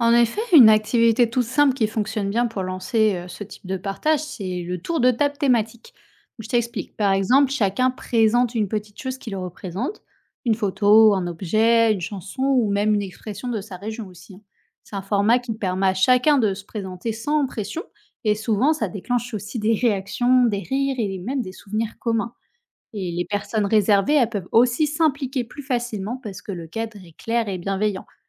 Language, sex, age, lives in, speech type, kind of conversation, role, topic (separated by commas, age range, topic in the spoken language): French, female, 30-34, France, read, expert-assistant, expert, Comment maintenir des liens authentiques avec ses amis malgré un emploi du temps chargé ?